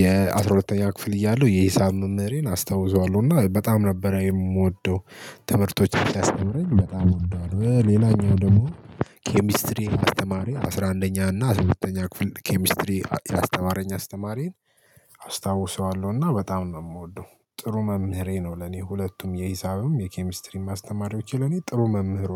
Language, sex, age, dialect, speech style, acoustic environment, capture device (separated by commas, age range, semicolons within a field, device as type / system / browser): Amharic, male, 18-24, Ethiopia - Addis Ababa, happy, people talking, mobile / Linux / Chrome